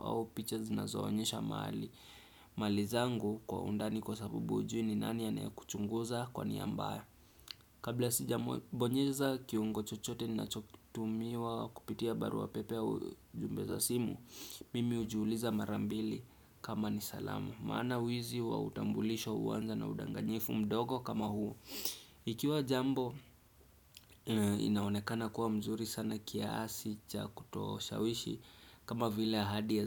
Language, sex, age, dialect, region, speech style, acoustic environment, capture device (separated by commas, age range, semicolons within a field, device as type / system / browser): Swahili, male, 25-34, Kenya - Nairobi Sheng-influenced, Kenya, relaxed; focused, silence, desktop / Windows / Chrome